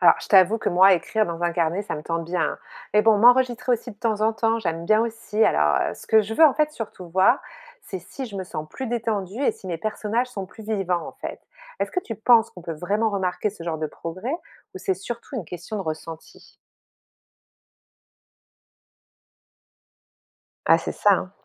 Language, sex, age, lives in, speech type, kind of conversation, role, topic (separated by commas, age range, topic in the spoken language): French, female, 45-49, France, read, expert-assistant, asker, Comment mesurer ses progrès de façon motivante quand on débute dans une activité artistique comme le théâtre ou la danse ?